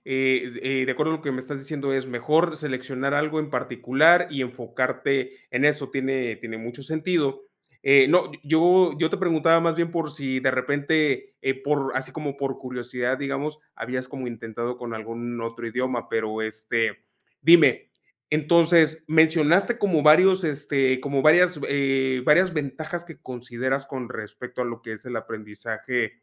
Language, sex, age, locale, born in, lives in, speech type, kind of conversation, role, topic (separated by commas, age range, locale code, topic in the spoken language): Spanish, male, 35-39, es-MX, Mexico, Mexico, conversation, podcast, host, ¿Qué opinas de aprender en línea en comparación con aprender de forma presencial?